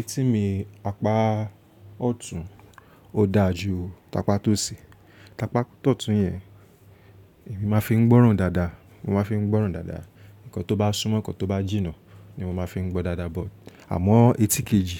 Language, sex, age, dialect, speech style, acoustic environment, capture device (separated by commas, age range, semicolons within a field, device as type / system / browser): Yoruba, male, 25-34, Nigeria - Lagos Yoruba, relaxed, silence, mobile / Linux / Chrome